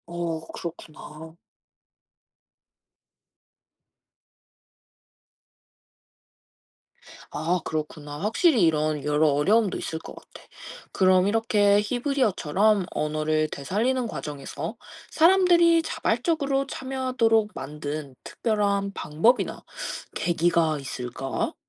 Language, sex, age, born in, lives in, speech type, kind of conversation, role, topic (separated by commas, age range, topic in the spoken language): Korean, female, 20-24, South Korea, Japan, read, expert-assistant, asker, 지구에는 왜 다양한 언어가 있을까요?